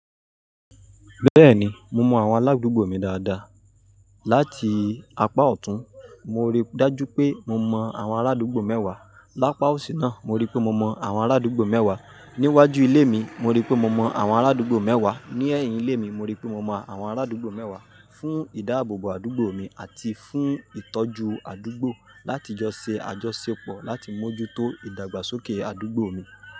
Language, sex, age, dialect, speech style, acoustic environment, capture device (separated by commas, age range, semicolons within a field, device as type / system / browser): Yoruba, male, 25-34, Nigeria - Ibadan, happy, people talking, mobile / Linux / Chrome